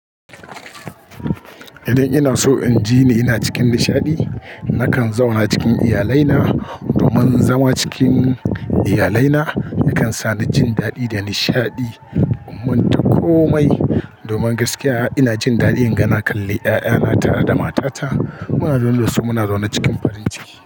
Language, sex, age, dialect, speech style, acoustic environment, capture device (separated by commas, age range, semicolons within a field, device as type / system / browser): Hausa, male, 35-44, Nigeria - Kano, focused, people talking, mobile / Linux / Chrome